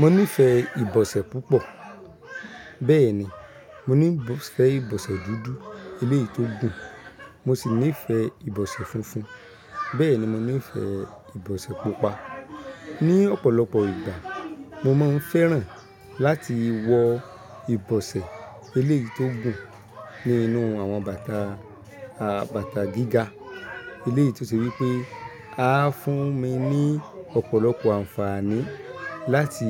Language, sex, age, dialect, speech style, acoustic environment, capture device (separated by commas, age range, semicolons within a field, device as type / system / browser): Yoruba, male, 25-34, Nigeria - Ibadan, happy, people talking, mobile / Linux / Chrome